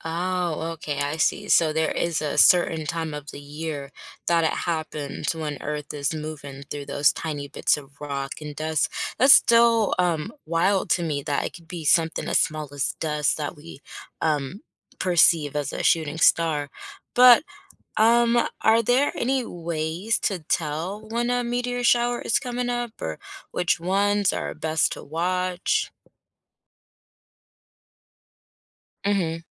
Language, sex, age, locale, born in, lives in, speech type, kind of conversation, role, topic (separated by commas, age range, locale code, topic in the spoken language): English, female, 25-29, en-US, United States, United States, read, expert-assistant, asker, What causes shooting stars?